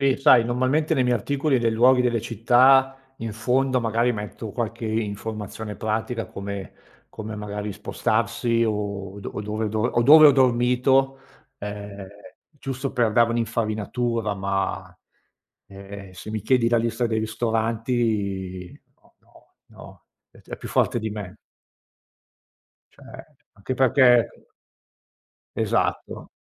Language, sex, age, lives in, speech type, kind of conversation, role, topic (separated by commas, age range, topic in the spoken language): Italian, male, 50-54, Italy, conversation, podcast, guest, Cosa significa per te essere autentico nel processo creativo?